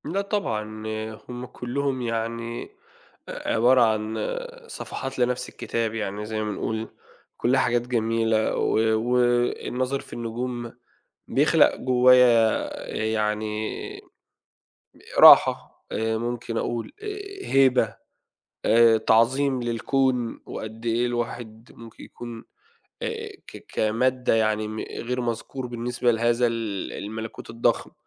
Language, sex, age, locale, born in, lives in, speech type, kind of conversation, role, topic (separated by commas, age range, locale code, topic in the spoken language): Arabic, male, 30-34, ar-EG, Saudi Arabia, Egypt, conversation, podcast, guest, إزاي اتغيّرت نظرتك للدنيا بعد ما شفت السما مليانة نجوم؟